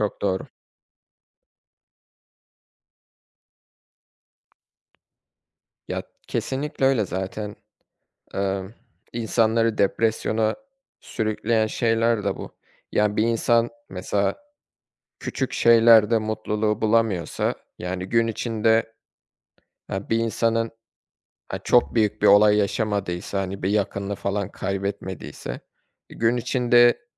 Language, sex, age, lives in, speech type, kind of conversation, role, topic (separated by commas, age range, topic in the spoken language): Turkish, male, 25-29, Germany, conversation, unstructured, none, Hangi anlarda kendini en mutlu hissedersin?